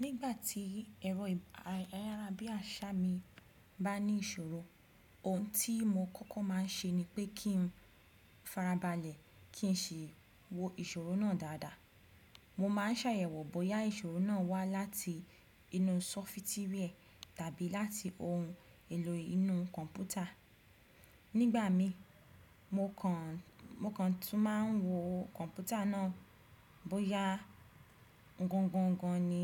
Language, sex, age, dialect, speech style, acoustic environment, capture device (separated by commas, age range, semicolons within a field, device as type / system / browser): Yoruba, female, 25-34, Nigeria - Ibadan, focused; relaxed, silence; nature, desktop / Windows / Chrome